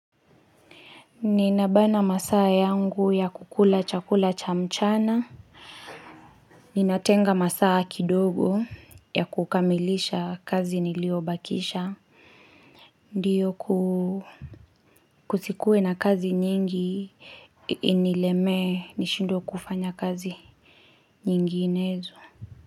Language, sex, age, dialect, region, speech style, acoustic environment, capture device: Swahili, female, 25-34, Kenya - Kiambu, Kenya, relaxed, silence, mobile / Linux / Chrome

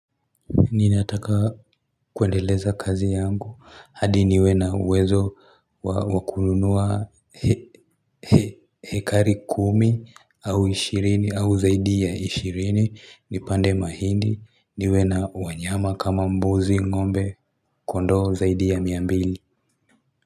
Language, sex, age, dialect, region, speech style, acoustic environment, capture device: Swahili, male, 18-24, Kenya - Nairobi Sheng-influenced, Kenya, happy, construction, mobile / Linux / Chrome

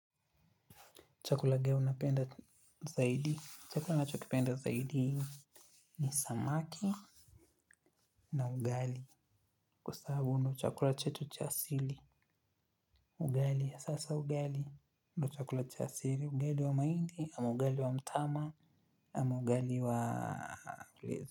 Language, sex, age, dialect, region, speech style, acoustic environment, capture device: Swahili, male, 25-34, Kenya - Nairobi Sheng-influenced, Kenya, focused; happy, silence; appliances, mobile / Linux / Chrome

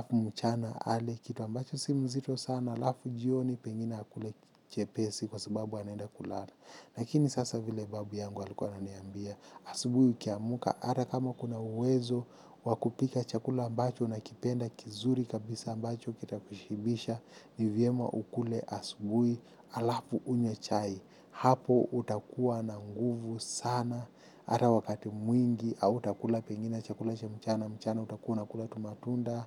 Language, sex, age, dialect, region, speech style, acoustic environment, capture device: Swahili, male, 25-34, Kenya - Mombasa, Kenya, happy; focused, people talking; construction; music; traffic; appliances, mobile / Linux / Chrome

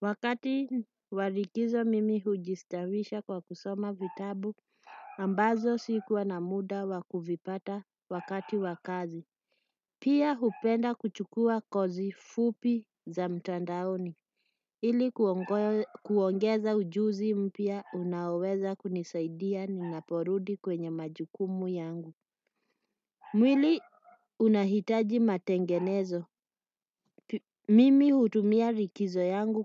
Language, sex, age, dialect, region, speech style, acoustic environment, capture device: Swahili, female, 35-44, Kenya - Nairobi Sheng-influenced, Kenya, relaxed, silence, desktop / Windows / Chrome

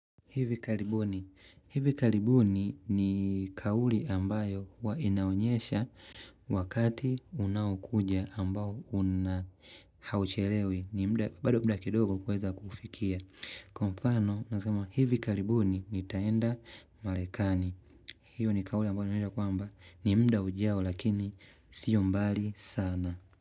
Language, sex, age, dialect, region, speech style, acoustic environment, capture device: Swahili, male, 25-34, Tanzania - Dar es Salaam, Tanzania, happy; relaxed, nature; silence; people talking; appliances, mobile / Linux / Chrome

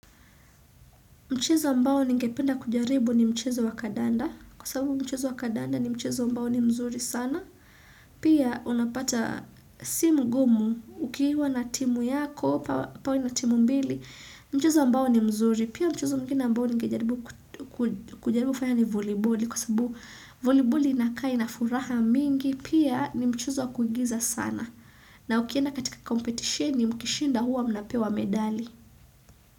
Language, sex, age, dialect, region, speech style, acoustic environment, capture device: Swahili, female, 25-34, Kenya - Mombasa, Kenya, excited; focused, silence, desktop / Windows / Chrome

